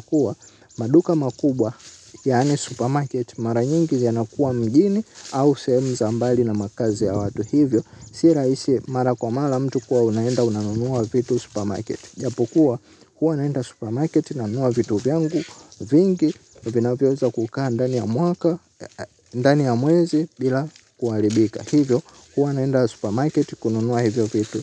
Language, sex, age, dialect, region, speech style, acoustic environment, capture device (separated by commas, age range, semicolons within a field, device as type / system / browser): Swahili, male, 18-24, Tanzania - Dar es Salaam, Tanzania, happy; excited, silence, mobile / Linux / Chrome